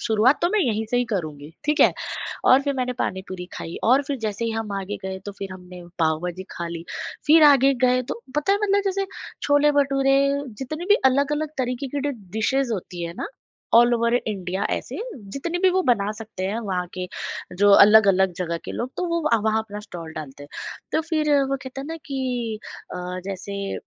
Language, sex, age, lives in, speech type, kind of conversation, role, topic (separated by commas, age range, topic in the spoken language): Hindi, female, 35-39, India, conversation, podcast, guest, Local street food stall par aapka sabse badhiya experience kya raha?